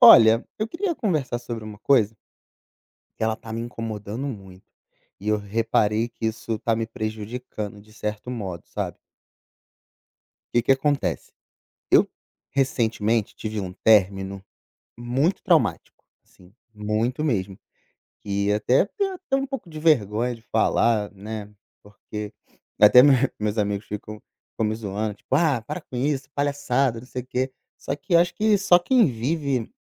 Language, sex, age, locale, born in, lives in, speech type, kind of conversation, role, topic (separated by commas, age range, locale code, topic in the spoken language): Portuguese, male, 35-39, pt-BR, Brazil, Portugal, conversation, advice, user, Como posso lidar com a dificuldade de confiar depois de relacionamentos passados?